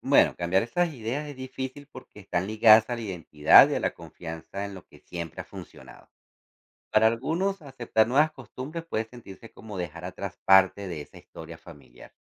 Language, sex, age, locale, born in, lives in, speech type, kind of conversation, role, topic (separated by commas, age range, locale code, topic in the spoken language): Spanish, male, 40-44, es-CO, Venezuela, United States, read, expert-assistant, expert, ¿Cómo influyen las creencias culturales en la decisión de ir al médico?